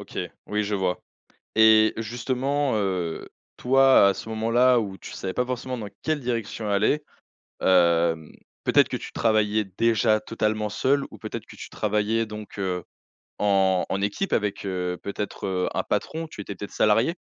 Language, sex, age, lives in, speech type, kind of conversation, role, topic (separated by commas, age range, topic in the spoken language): French, male, 20-24, France, conversation, podcast, host, Comment retrouves-tu ton élan après un gros blocage créatif ?